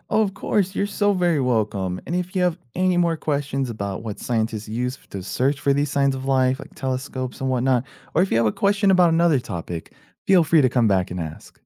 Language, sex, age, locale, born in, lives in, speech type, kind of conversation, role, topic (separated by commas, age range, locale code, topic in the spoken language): English, male, 30-34, en-US, United States, United States, read, expert-assistant, expert, What is the most effective framework scientists use to search for signs of life on other planets?